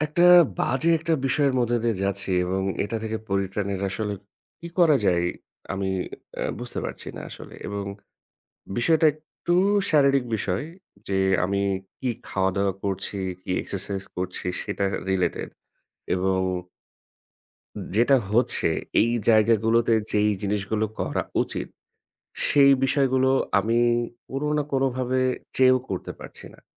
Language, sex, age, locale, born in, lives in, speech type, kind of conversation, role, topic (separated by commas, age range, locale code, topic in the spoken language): Bengali, male, 30-34, bn-BD, Bangladesh, Bangladesh, conversation, advice, user, ব্যায়াম বা খাদ্যাভ্যাস পরিবর্তন করে আমি কীভাবে আমার ঘুম উন্নত করতে পারি?